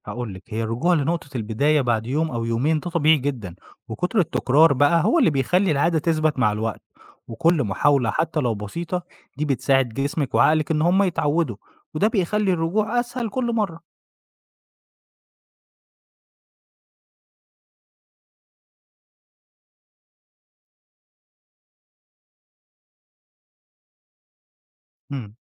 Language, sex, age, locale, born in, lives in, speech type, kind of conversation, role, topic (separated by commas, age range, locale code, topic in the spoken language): Arabic, male, 25-29, ar-EG, Egypt, Egypt, read, expert-assistant, expert, إزاي أظبط وقتي ونظم يومي علشان ألعب رياضة بشكل منتظم وسط زحمة الشغل والحياة؟